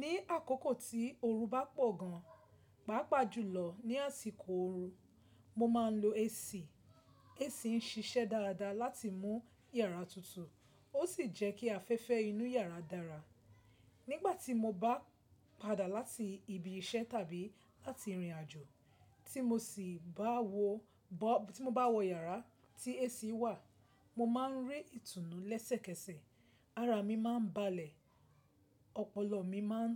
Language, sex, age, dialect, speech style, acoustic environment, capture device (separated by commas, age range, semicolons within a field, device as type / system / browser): Yoruba, female, 25-34, Nigeria - Lagos Yoruba, focused, silence, mobile / Linux / Chrome